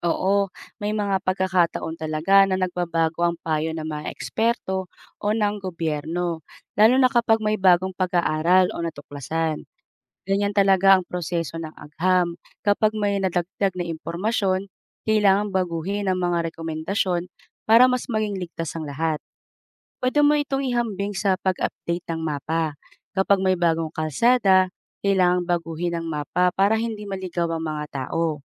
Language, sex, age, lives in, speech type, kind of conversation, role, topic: Filipino, female, 35-39, Philippines, read, expert-assistant, expert, Paano malalaman kung mapagkakatiwalaan ang impormasyon tungkol sa bakuna sa social media?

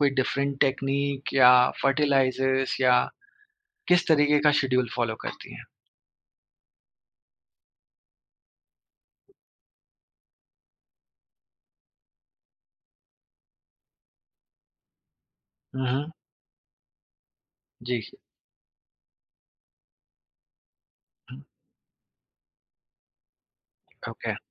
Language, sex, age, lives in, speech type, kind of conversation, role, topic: Hindi, male, 30-34, India, conversation, podcast, host, City life mein tum nature se apna connection kaise maintain karte ho?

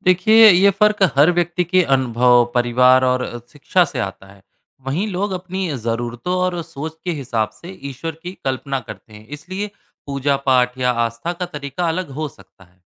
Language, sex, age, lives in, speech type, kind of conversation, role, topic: Hindi, male, 30-34, India, read, expert-assistant, expert, क्या हर किसी की आस्था और पूजा का तरीका अलग हो सकता है?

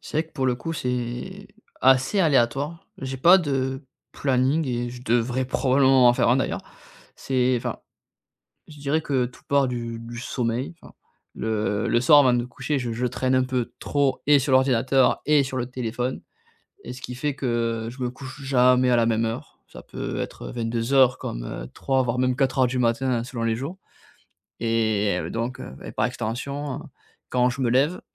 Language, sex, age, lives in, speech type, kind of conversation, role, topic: French, male, 30-34, France, conversation, advice, user, Comment choisir la meilleure direction quand j’ai trop d’idées ?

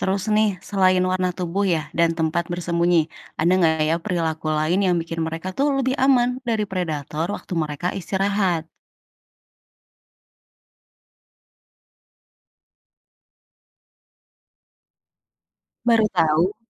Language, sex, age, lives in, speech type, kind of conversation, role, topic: Indonesian, female, 35-39, Indonesia, read, expert-assistant, asker, Ada yang bilang hiu harus terus berenang agar tidak mati, apa itu fakta atau hanya mitos?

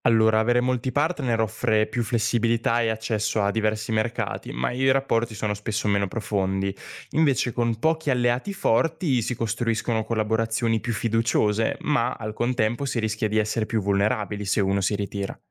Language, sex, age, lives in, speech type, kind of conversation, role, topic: Italian, male, 20-24, Italy, read, expert-assistant, expert, Quando i Paesi devono scegliere, è meglio collaborare con tanti Stati insieme o costruire rapporti stretti con pochi alleati?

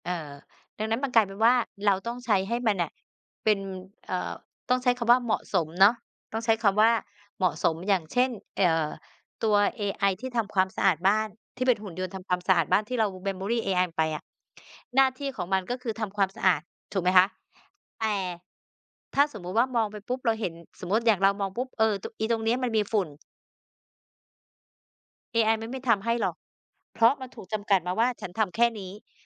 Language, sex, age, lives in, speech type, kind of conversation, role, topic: Thai, female, 50-54, Thailand, conversation, unstructured, none, คุณคิดว่าปัญญาประดิษฐ์จะช่วยให้ชีวิตประจำวันสะดวกขึ้นได้อย่างไร?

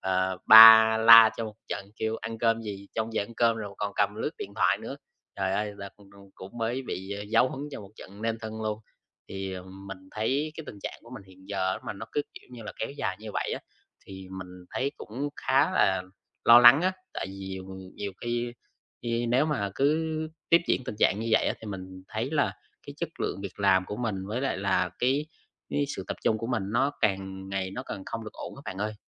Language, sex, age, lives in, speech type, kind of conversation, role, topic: Vietnamese, male, 20-24, Vietnam, conversation, advice, user, Làm sao để không bị các thiết bị số làm phân tâm khi thư giãn?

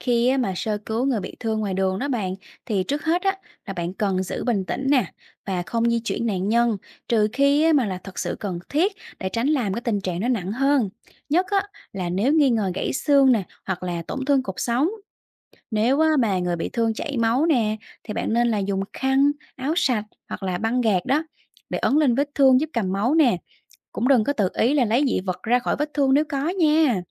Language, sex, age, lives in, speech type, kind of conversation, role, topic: Vietnamese, female, 30-34, Vietnam, read, expert-assistant, expert, Tại sao cần giữ gìn an toàn khi tham gia giao thông?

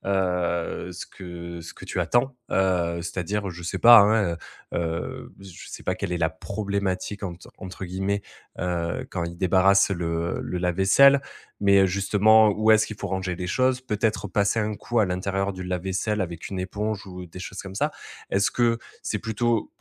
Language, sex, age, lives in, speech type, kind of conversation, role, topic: French, male, 30-34, France, conversation, advice, advisor, Pourquoi ai-je du mal à déléguer des tâches et est-ce que je veux tout contrôler ?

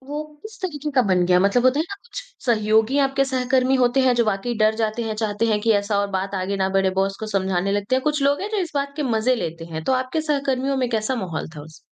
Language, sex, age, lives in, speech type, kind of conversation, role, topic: Hindi, female, 20-24, India, conversation, advice, advisor, बॉस द्वारा सार्वजनिक रूप से आलोचना किए जाने पर मुझे शर्म या गुस्सा क्यों आता है?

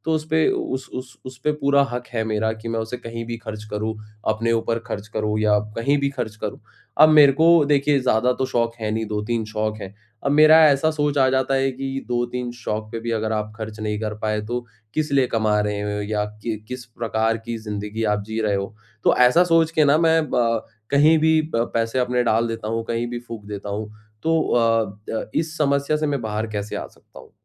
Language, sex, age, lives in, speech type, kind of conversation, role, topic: Hindi, male, 25-29, India, conversation, advice, user, मैं खरीदारी के लिए सही बजट कैसे बनाऊँ?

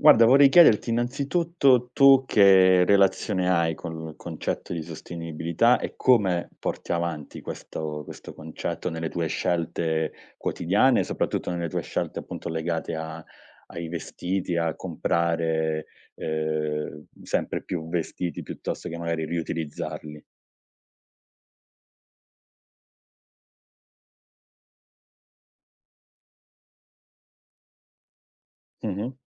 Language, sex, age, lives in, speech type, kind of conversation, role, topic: Italian, male, 30-34, Italy, conversation, podcast, host, Quanto conta la sostenibilità nel tuo guardaroba?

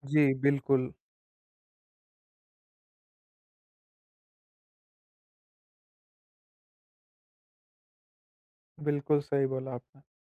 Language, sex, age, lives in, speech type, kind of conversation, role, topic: Hindi, male, 20-24, India, conversation, podcast, host, Batao koi family recipe jo sirf ghar pe banti hai?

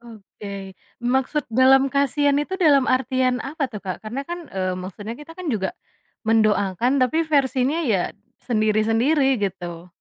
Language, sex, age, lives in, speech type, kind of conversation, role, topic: Indonesian, female, 25-29, Indonesia, conversation, podcast, host, Bagaimana cara menghormati tradisi keluarga tanpa mengorbankan batasan pribadi?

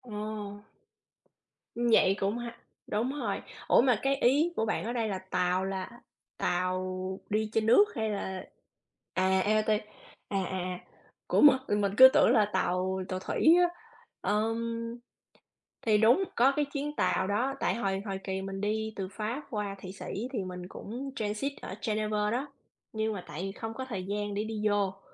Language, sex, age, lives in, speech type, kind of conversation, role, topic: Vietnamese, female, 35-39, United States, conversation, unstructured, none, Có nơi nào bạn đã từng đến mà bạn muốn quay lại để khám phá thêm không?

